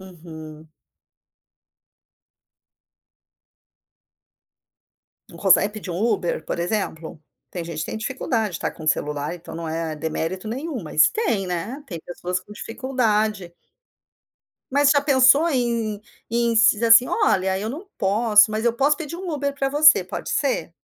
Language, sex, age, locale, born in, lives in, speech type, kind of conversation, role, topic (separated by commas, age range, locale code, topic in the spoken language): Portuguese, female, 55-59, pt-BR, Brazil, United States, conversation, advice, advisor, Como posso aprender a dizer não sem sentir que preciso agradar todo mundo o tempo todo?